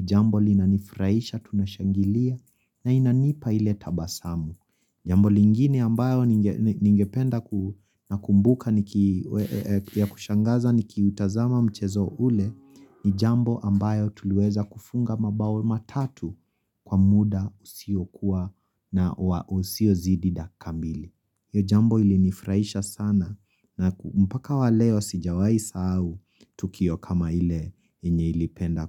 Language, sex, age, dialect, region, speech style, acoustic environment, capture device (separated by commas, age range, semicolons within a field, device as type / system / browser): Swahili, female, 25-34, Kenya - Nairobi Sheng-influenced, Kenya, happy; relaxed; excited; focused, silence, mobile / Linux / Chrome